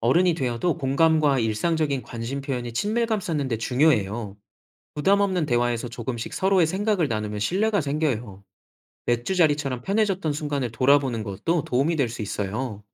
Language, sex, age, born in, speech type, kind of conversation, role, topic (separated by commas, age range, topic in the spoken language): Korean, male, 30-34, South Korea, read, expert-assistant, expert, 왜 우리는 나이가 들수록 친구 사귀기가 어려워질까요?